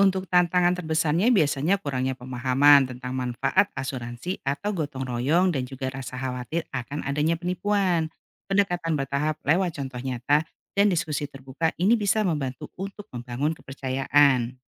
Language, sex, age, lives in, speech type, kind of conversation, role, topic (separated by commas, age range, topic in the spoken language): Indonesian, female, 45-49, Indonesia, read, expert-assistant, expert, Bagaimana cara inovatif untuk mengurangi kesenjangan ekonomi antara daerah perkotaan dan pedesaan di Indonesia?